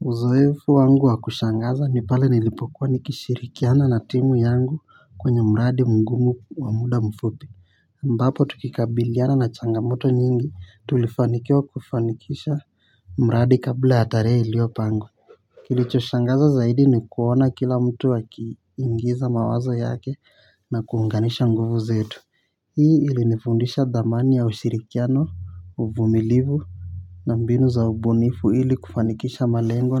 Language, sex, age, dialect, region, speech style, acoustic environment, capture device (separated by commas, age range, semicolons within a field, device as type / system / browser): Swahili, male, 18-24, Kenya - Nairobi Sheng-influenced, Kenya, relaxed, silence, mobile / Linux / Chrome